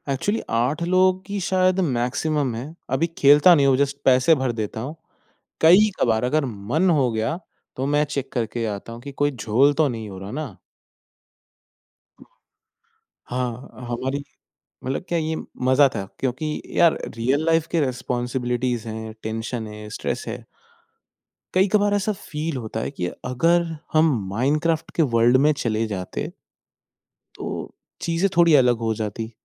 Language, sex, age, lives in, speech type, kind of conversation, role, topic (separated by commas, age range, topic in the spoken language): Hindi, male, 20-24, India, conversation, podcast, guest, Tum khel-kood ke zariye stress kaise kam karte ho?